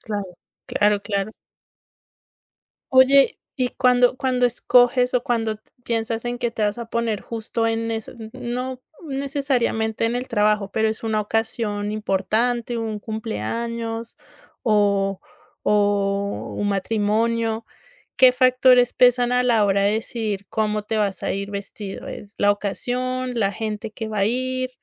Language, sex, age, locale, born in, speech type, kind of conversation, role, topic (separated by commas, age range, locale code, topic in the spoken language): Spanish, female, 30-34, es-CO, Colombia, conversation, podcast, host, ¿Cómo eliges entre la comodidad y la estética?